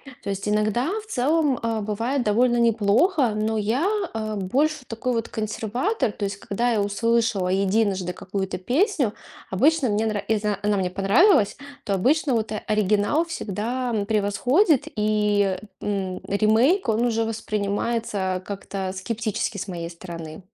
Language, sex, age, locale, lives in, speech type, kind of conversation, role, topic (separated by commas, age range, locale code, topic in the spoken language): Russian, female, 35-39, ru-RU, Estonia, conversation, podcast, guest, Как язык, на котором написана песня, влияет на твоё восприятие музыки?